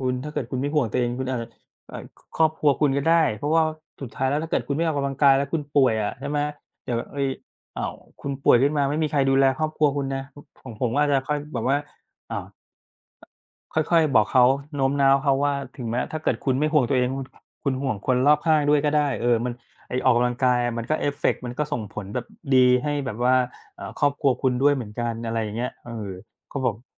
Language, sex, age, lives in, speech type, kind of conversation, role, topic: Thai, male, 40-44, Thailand, conversation, unstructured, none, คุณชอบออกกำลังกายแบบไหนมากที่สุด?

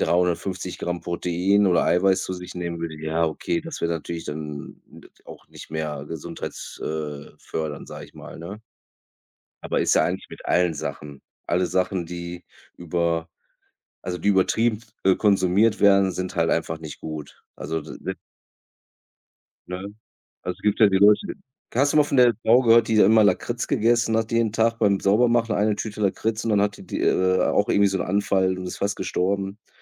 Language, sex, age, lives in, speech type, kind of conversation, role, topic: German, male, 35-39, Germany, conversation, unstructured, none, Wie stehst du zu einer veganen Ernährung?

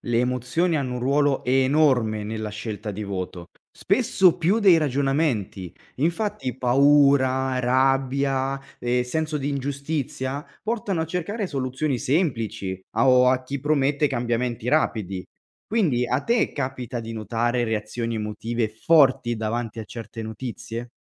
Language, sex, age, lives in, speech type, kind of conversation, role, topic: Italian, male, 25-29, Italy, read, expert-assistant, expert, Perché persone simili votano in modo diverso?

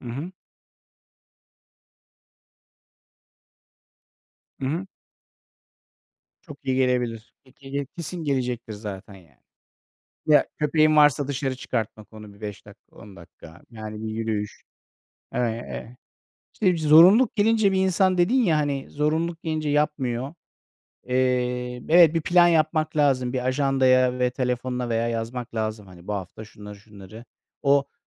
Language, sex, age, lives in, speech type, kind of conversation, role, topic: Turkish, male, 40-44, Netherlands, conversation, unstructured, none, Sağlıklı bir yaşam için egzersiz yapmamak ne gibi riskler yaratır?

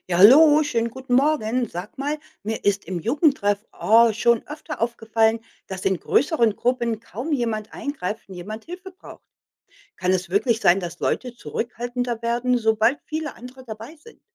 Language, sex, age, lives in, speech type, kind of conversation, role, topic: German, female, 55-59, United States, read, expert-assistant, asker, Warum helfen Menschen in Gruppen oft nicht sofort?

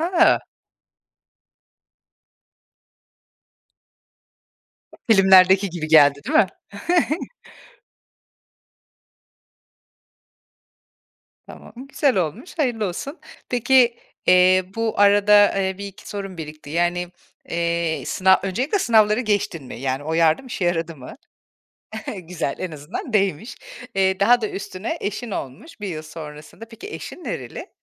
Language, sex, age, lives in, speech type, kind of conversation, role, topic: Turkish, female, 40-44, Portugal, conversation, podcast, host, Bir kararının beklemediğin sonuçlar doğurduğu bir anını anlatır mısın?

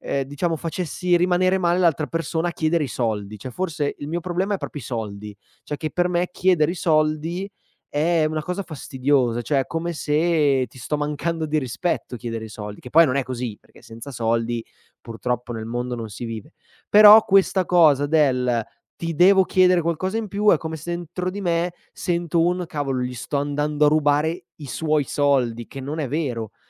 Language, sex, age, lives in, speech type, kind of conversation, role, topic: Italian, male, 25-29, Italy, conversation, advice, user, Come descriveresti la sindrome dell’impostore che provi prima di una promozione?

